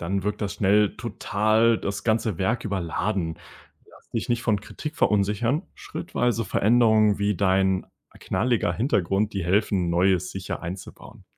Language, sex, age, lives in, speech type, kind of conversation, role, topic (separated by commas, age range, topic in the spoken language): German, male, 20-24, Germany, read, expert-assistant, expert, Wie finde ich die richtige Balance zwischen eigenen Ideen und aktuellen Trends in der digitalen Kunst?